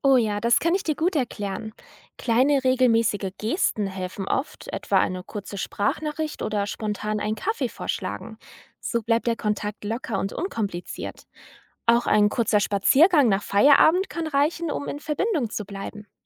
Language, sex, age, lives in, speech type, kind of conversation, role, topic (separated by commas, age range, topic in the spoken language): German, female, 20-24, Germany, read, expert-assistant, expert, Was kann ich tun, wenn ich merke, dass meine Freundschaften langsam einschlafen?